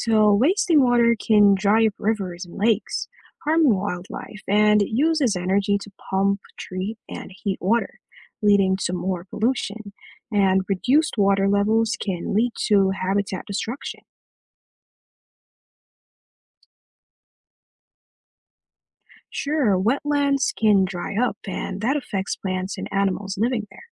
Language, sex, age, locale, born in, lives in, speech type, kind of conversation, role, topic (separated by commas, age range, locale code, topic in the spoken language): English, male, 25-29, en-US, Jamaica, United States, read, expert-assistant, expert, Why is water conservation important?